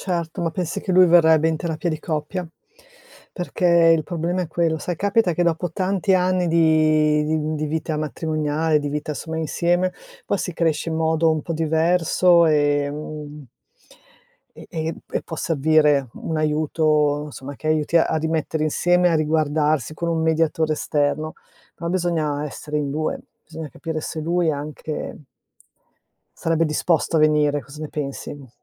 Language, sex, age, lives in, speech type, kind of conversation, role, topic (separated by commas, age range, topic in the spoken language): Italian, female, 55-59, Italy, conversation, advice, advisor, Come posso affrontare il fatto che il mio partner sembra distante e poco presente emotivamente?